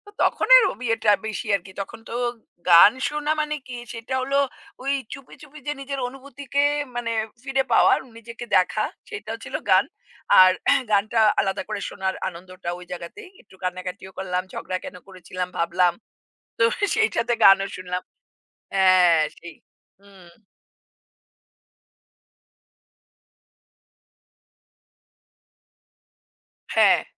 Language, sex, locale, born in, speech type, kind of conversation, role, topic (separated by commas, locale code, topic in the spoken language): Bengali, female, bn-IN, India, conversation, unstructured, none, কোন গান শুনলে আপনার স্মৃতি জেগে ওঠে?